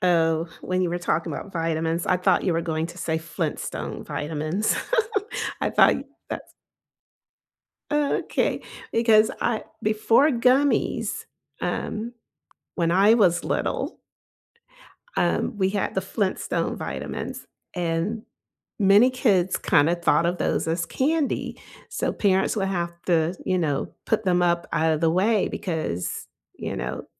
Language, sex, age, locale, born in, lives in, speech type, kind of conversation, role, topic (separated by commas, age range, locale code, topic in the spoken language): English, female, 55-59, en-US, United States, United States, conversation, unstructured, none, What recipes or comfort foods make you feel most nourished and cozy?